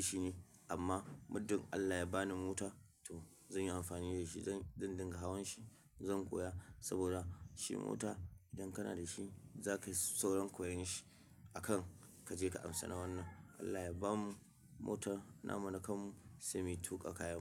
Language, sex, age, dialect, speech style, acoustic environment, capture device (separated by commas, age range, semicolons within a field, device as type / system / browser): Hausa, male, 25-34, Nigeria - Kano, excited, silence, mobile / Linux / Chrome